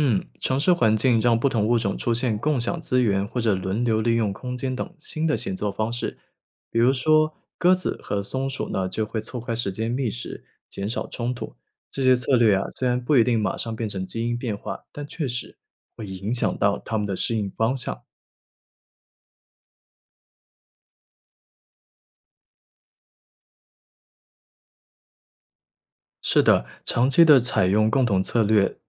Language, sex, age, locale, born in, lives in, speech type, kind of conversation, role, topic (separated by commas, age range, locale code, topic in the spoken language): Chinese, male, 25-29, zh-CN, China, United States, read, expert-assistant, expert, 动物在不同环境中是怎么慢慢演变出各种独特本领的？我们还能想象出什么新变化？